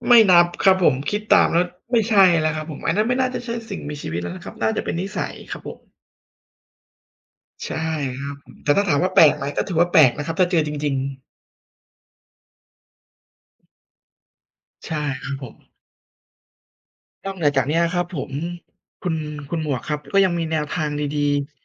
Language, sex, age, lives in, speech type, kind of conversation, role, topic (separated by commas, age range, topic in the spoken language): Thai, male, 30-34, Thailand, conversation, unstructured, none, คุณคิดอย่างไรกับคนที่เลี้ยงสัตว์แปลกเป็นสัตว์เลี้ยง?